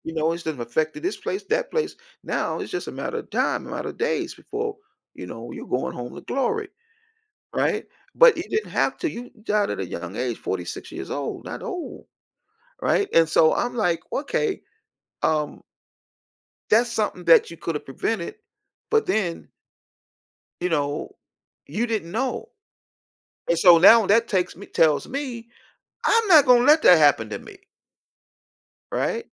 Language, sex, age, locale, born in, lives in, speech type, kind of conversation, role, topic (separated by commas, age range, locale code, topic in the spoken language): English, male, 55-59, en-US, United States, United States, conversation, podcast, guest, Why is it important to recognize the early signs of stress in ourselves and others?